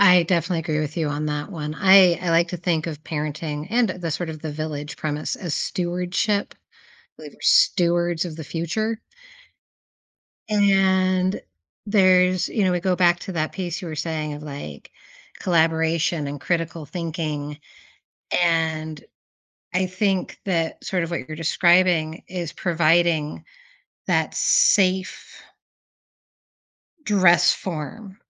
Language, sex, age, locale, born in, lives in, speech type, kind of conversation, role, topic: English, female, 45-49, en-US, United States, United States, conversation, podcast, host, How are modern pressures shaping the student experience today?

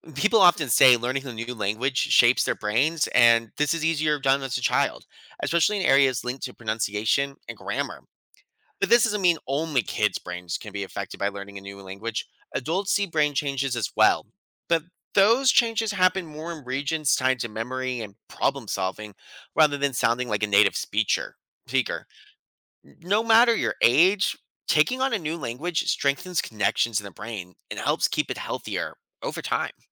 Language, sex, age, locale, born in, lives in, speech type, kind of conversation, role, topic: English, male, 30-34, en-US, United States, United States, read, expert-assistant, expert, How does learning a new language affect your brain?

